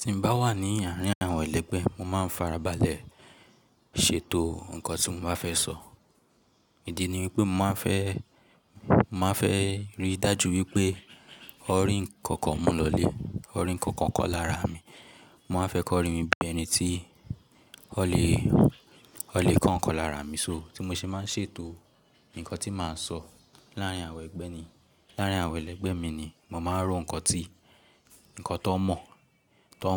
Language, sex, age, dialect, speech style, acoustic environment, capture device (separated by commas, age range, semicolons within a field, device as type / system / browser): Yoruba, male, 18-24, Nigeria - Lagos Yoruba, relaxed, nature; silence, mobile / Linux / Chrome